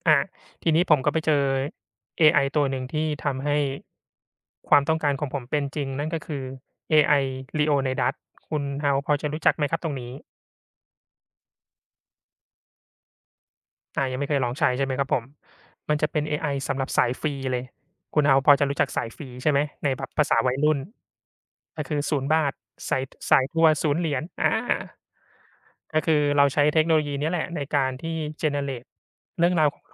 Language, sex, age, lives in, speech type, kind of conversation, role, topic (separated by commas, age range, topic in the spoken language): Thai, male, 35-39, Thailand, conversation, unstructured, none, คุณเคยลองใช้เทคโนโลยีใหม่ ๆ แล้วรู้สึกตื่นเต้นไหม?